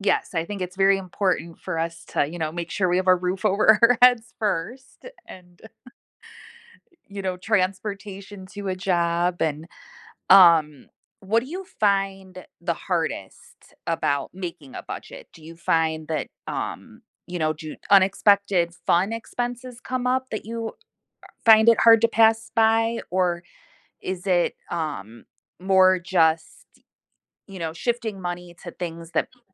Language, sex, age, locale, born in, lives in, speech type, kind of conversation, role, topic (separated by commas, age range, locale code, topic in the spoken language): English, female, 40-44, en-US, United States, United States, conversation, podcast, host, How can creating a budget help you manage your money and achieve your financial goals?